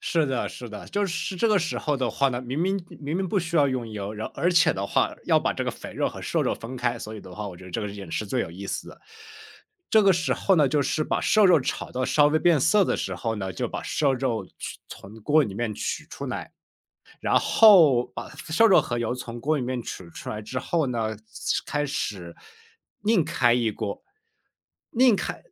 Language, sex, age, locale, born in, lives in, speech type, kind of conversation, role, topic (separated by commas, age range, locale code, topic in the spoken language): Chinese, male, 25-29, zh-CN, China, United States, conversation, podcast, guest, 你家里有没有代代相传的拿手菜可以和大家分享？